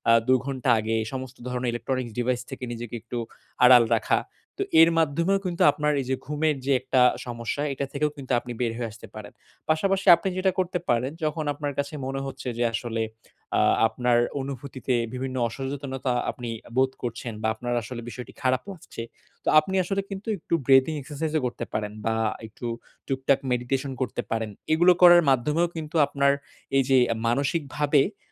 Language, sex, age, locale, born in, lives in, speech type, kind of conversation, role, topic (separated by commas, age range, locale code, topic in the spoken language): Bengali, male, 20-24, bn-BD, Bangladesh, Bangladesh, conversation, advice, advisor, অনুভূতিতে সচেতনতা বাড়ানোর অনুশীলনে আমি কীভাবে আমার অনুভূতিগুলো চিহ্নিত করে সেগুলোর নাম দিতে পারি?